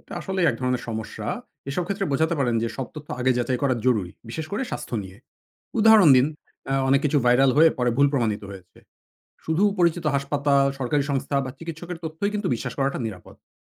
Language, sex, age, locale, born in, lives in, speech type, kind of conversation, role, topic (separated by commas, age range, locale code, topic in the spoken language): Bengali, male, 40-44, bn-BD, Bangladesh, Finland, read, expert-assistant, expert, মেডিকেলের বিভিন্ন শাখা নিয়ে ইন্টারনেটে অনেক কিছু পাই—কিভাবে বুঝবো কোনটা বিশ্বাস করা যাবে?